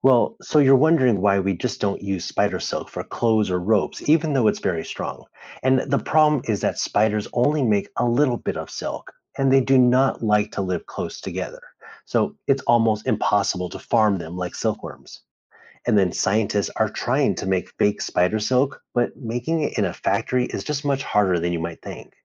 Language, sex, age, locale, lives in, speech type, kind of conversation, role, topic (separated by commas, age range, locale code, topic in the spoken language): English, male, 35-39, en-US, United States, read, expert-assistant, expert, How do spiders build webs and hunt for food?